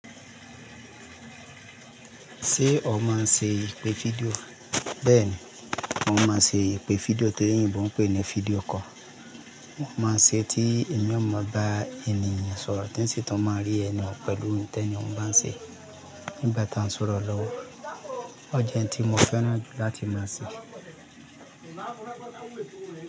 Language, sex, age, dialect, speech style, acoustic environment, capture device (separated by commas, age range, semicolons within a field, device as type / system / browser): Yoruba, male, 35-44, Nigeria - Lagos Yoruba, happy, people talking, mobile / Linux / Chrome